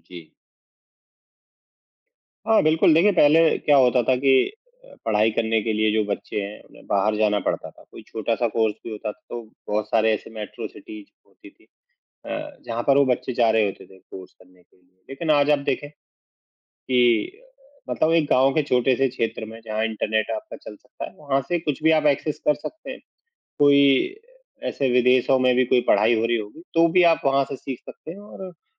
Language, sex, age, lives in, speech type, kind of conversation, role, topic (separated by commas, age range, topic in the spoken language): Hindi, male, 35-39, India, conversation, unstructured, none, वैज्ञानिक खोजों ने हमारी जिंदगी को कैसे बदला है?